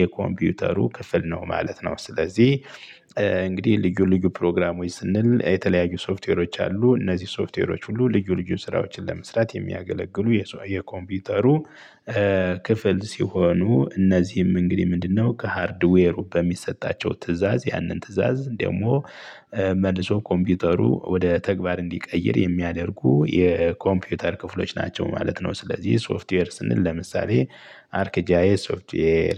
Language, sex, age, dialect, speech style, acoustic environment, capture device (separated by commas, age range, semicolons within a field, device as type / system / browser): Amharic, male, 35-44, Ethiopia - Gojjam, happy, nature, mobile / Linux / Chrome